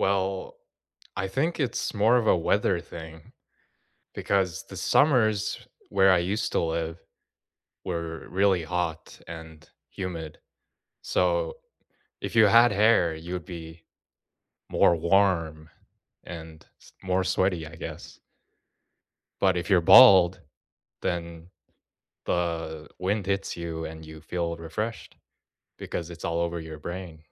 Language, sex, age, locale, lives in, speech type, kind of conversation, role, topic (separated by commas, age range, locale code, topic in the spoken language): English, male, 20-24, en-US, United States, conversation, unstructured, none, What have recent changes, missteps, and small wins taught you about yourself and your connections?